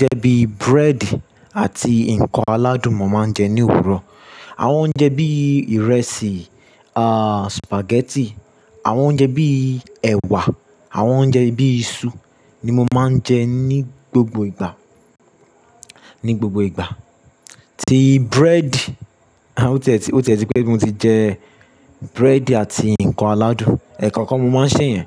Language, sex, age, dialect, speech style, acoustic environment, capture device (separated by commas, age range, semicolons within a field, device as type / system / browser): Yoruba, male, 25-34, Nigeria - Lagos Yoruba, relaxed, silence, mobile / Linux / Chrome